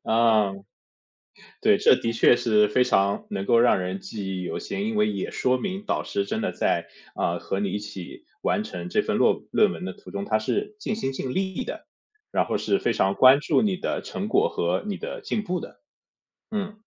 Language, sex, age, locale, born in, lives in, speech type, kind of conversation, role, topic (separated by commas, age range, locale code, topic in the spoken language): Chinese, male, 30-34, zh-CN, China, United States, conversation, podcast, host, 你平常怎么找导师或榜样？